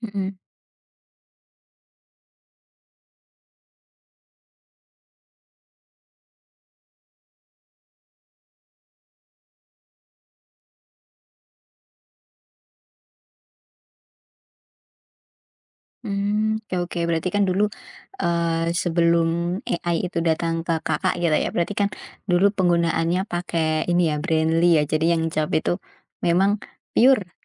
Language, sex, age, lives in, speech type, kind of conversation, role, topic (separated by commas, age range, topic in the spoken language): Indonesian, female, 20-24, Indonesia, conversation, podcast, host, Bagaimana teknologi akan mengubah cara kita belajar di sekolah?